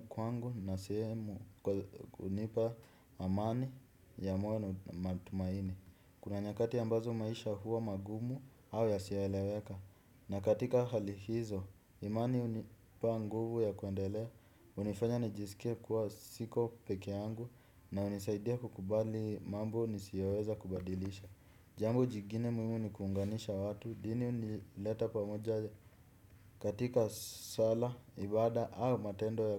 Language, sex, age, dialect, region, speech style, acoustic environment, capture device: Swahili, male, 18-24, Kenya - Nairobi Sheng-influenced, Kenya, happy, silence, desktop / Windows / Chrome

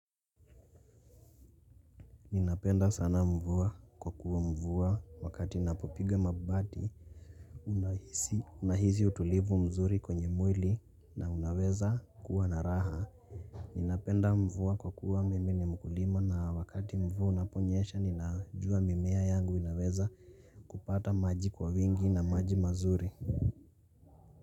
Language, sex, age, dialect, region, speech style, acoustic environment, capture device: Swahili, male, 18-24, Kenya - Nairobi Sheng-influenced, Kenya, focused; relaxed, nature, mobile / Linux / Chrome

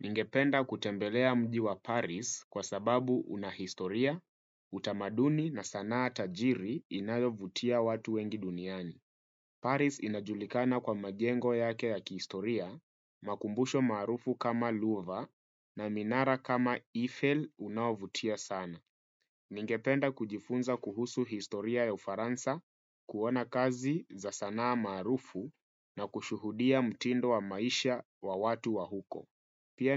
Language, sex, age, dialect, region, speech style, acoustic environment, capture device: Swahili, male, 25-34, Kenya - Nairobi Sheng-influenced, Kenya, relaxed; focused, nature; silence, desktop / Windows / Firefox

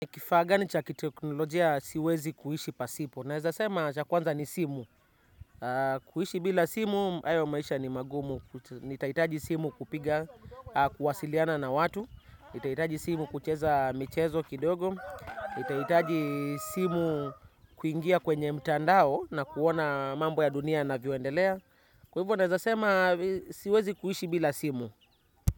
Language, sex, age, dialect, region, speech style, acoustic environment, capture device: Swahili, male, 25-34, Kenya - Nairobi Sheng-influenced, Kenya, relaxed; focused, nature, desktop / Windows / Chrome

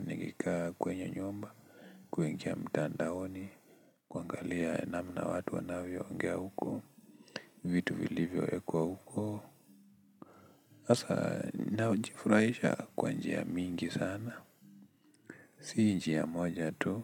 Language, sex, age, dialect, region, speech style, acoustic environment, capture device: Swahili, male, 18-24, Kenya - Nairobi Sheng-influenced, Kenya, tired, nature, desktop / Linux / Chrome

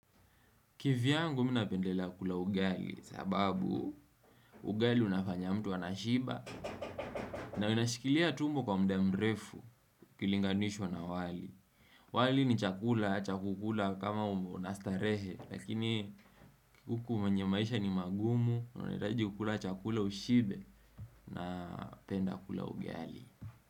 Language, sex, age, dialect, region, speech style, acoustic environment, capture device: Swahili, male, 18-24, Kenya - Mombasa, Kenya, relaxed, silence, desktop / Windows / Chrome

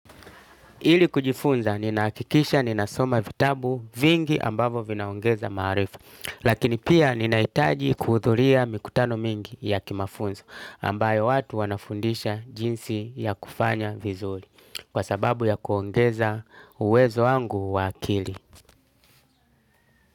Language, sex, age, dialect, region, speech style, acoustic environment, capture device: Swahili, male, 35-44, Tanzania - Dar es Salaam, Tanzania, happy, nature, desktop / Linux / Chrome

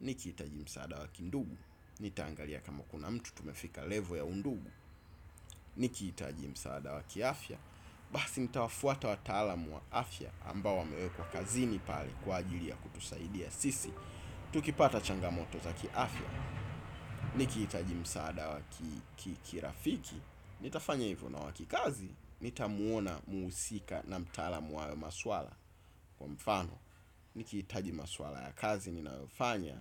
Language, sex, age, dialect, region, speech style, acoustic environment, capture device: Swahili, male, 25-34, Tanzania - Dar es Salaam, Tanzania, excited, appliances; construction; nature; people talking; traffic, desktop / Windows / Chrome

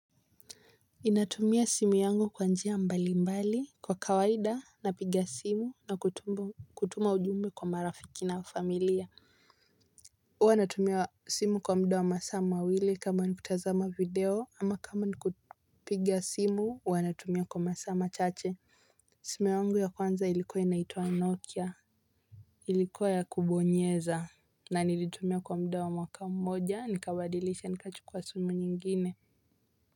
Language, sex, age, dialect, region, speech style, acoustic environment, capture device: Swahili, female, 18-24, Kenya - Mombasa, Kenya, relaxed, silence, mobile / Linux / Chrome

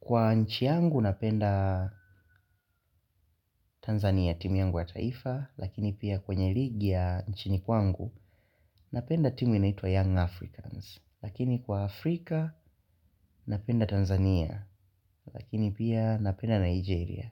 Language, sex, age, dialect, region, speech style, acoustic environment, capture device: Swahili, male, 18-24, Tanzania - Dar es Salaam, Tanzania, focused, nature, mobile / Linux / Chrome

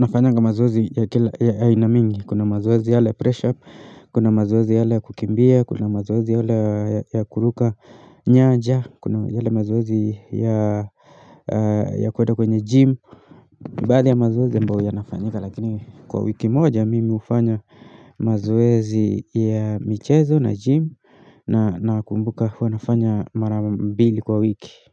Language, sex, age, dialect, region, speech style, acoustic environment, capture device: Swahili, male, 25-34, Kenya - Nairobi Sheng-influenced, Kenya, anxious; excited, silence; nature, mobile / Linux / Chrome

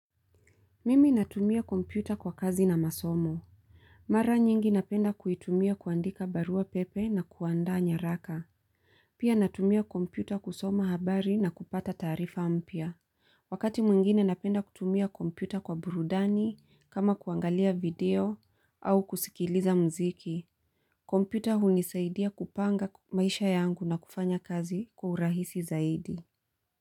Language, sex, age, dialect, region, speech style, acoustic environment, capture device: Swahili, female, 35-44, Kenya - Mombasa, Kenya, happy; focused, silence, mobile / Linux / Chrome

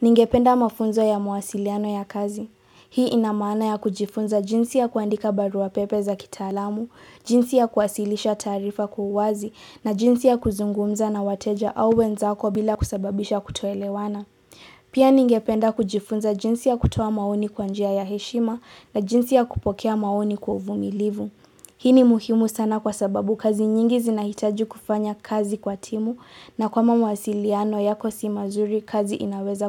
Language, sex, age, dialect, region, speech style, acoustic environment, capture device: Swahili, female, 18-24, Kenya - Nairobi Sheng-influenced, Kenya, relaxed, silence; appliances, mobile / Linux / Chrome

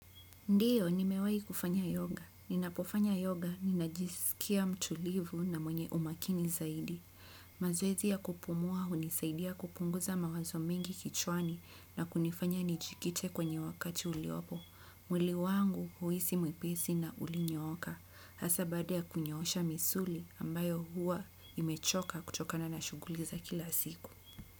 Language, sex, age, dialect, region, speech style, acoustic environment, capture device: Swahili, female, 25-34, Kenya - Mombasa, Kenya, happy, nature; people talking; construction; appliances; music; silence; traffic, desktop / Windows / Chrome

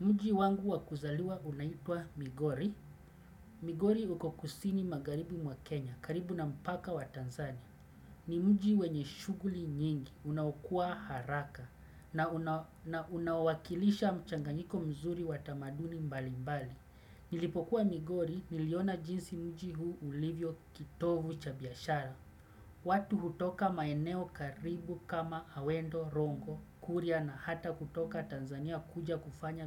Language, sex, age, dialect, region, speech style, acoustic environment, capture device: Swahili, male, 35-44, Kenya - Mombasa, Kenya, relaxed, silence, desktop / Windows / Chrome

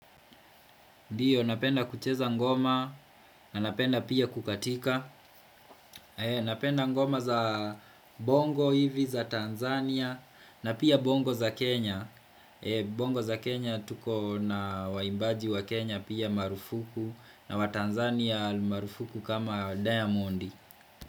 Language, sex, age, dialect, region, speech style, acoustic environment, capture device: Swahili, male, 25-34, Kenya - Kiambu, Kenya, happy, silence, desktop / Windows / Chrome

